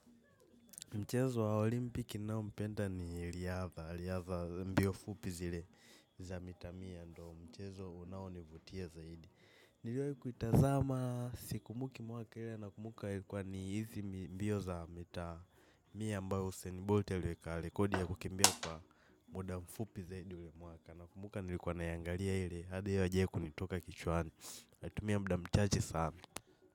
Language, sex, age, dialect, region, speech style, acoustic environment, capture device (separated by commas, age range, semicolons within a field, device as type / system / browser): Swahili, male, 18-24, Kenya - Nairobi Sheng-influenced, Kenya, relaxed, silence, mobile / macOS / Safari